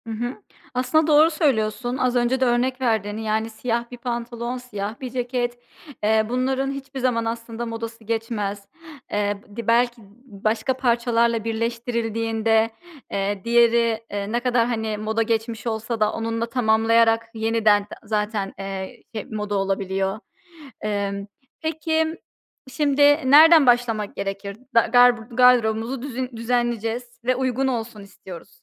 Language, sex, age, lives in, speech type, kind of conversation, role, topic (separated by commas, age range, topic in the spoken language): Turkish, female, 30-34, United States, conversation, podcast, host, Uygun bir bütçeyle nasıl şık görünebilirsiniz?